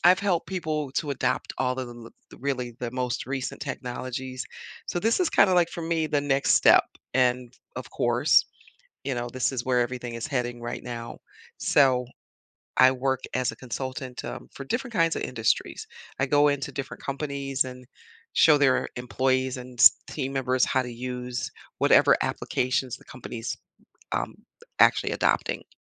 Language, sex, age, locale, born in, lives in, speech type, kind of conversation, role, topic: English, female, 60-64, en-US, United States, United States, conversation, podcast, guest, What aspects of your work bring you the most fulfillment and motivation?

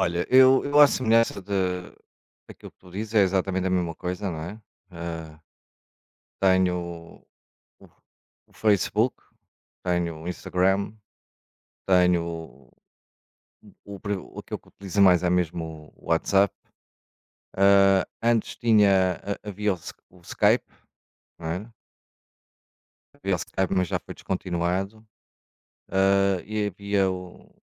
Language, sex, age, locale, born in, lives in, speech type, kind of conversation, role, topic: Portuguese, male, 45-49, pt-PT, Portugal, Portugal, conversation, unstructured, none, Como a tecnologia ajuda você a se conectar com seus amigos?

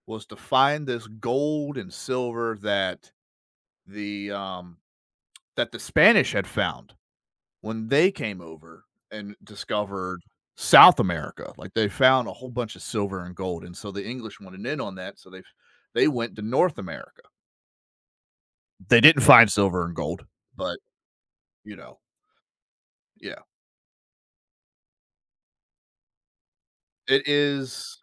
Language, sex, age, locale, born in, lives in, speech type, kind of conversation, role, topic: English, male, 30-34, en-US, United States, United States, conversation, podcast, guest, How did your favorite school subject influence who you are today?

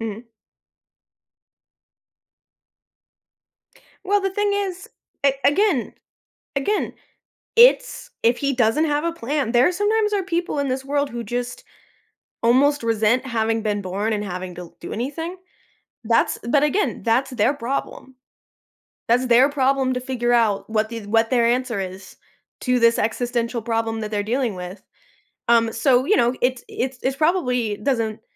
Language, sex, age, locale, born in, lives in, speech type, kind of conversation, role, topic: English, female, 25-29, en-US, United States, United States, conversation, advice, advisor, How do I say no to my friends and family?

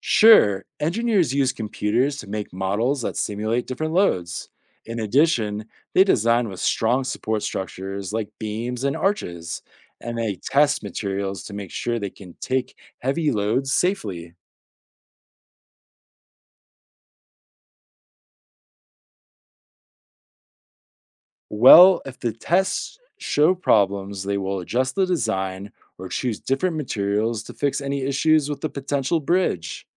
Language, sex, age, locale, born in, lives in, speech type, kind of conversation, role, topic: English, male, 30-34, en-US, United States, United States, read, expert-assistant, expert, How do engineers design safe and efficient bridges?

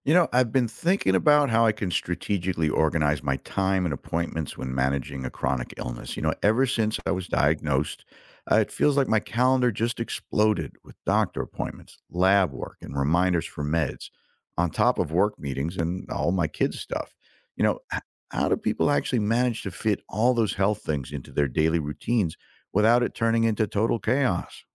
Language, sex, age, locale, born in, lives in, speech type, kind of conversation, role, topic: English, male, 55-59, en-US, United States, United States, read, expert-assistant, asker, How can I strategically organize my time and appointments when managing a chronic illness?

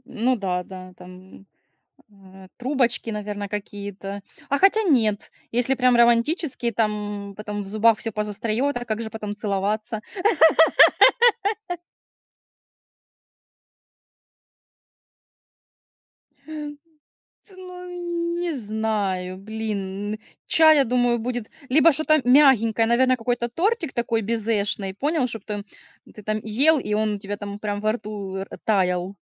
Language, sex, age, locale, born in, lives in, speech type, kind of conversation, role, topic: Russian, female, 30-34, ru-RU, Ukraine, Spain, conversation, unstructured, none, Какой сорт чая вы бы выбрали для романтического вечера?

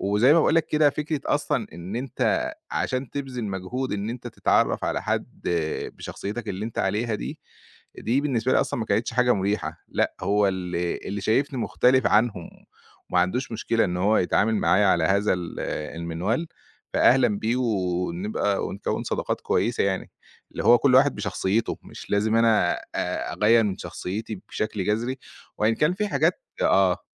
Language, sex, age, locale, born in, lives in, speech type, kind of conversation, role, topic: Arabic, male, 30-34, ar-EG, Egypt, Egypt, conversation, podcast, guest, إزاي تبني علاقات جديدة لما تنتقل لمدينة جديدة؟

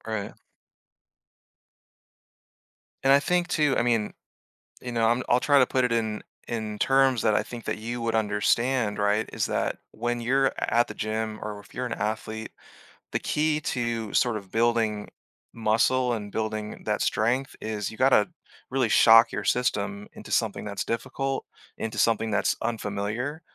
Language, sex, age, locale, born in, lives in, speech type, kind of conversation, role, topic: English, male, 30-34, en-US, Germany, United States, conversation, advice, advisor, How can I stop feeling stuck in my repetitive daily routine?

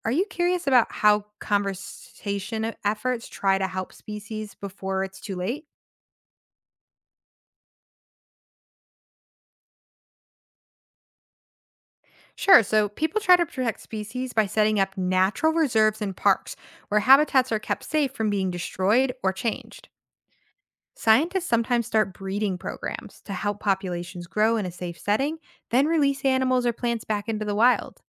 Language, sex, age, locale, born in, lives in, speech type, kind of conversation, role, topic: English, female, 25-29, en-US, United States, United States, read, expert-assistant, expert, Why do some plants and animals go extinct?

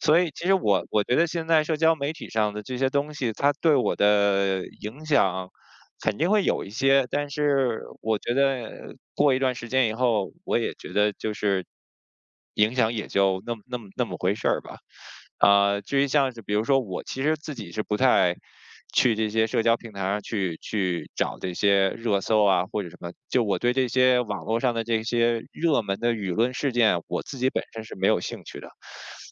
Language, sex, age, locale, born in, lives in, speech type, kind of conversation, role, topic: Chinese, male, 40-44, zh-CN, China, United States, conversation, unstructured, none, 你觉得社交媒体会影响你的自我认同吗？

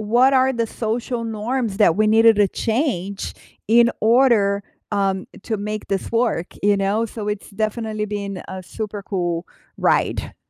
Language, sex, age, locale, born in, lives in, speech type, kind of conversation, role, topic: English, female, 45-49, en-BR, Brazil, United States, conversation, unstructured, none, How do cultural rules and changing social norms shape your romantic relationships?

